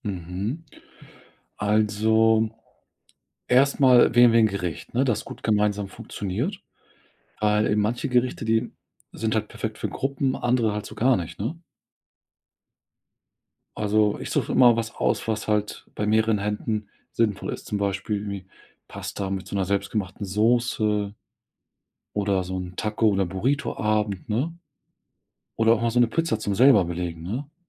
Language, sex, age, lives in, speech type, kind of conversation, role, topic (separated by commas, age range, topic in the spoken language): German, male, 40-44, Germany, conversation, podcast, guest, Wie organisierst du einen gemeinsamen Kochabend mit Freunden?